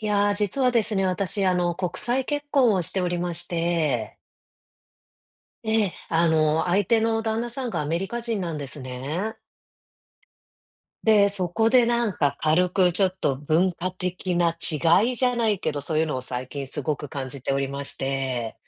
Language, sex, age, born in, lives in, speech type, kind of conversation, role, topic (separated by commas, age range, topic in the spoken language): Japanese, female, 35-39, Japan, United States, conversation, advice, user, 家族の文化的な期待と自分の気持ちは、どうすれば両立できますか？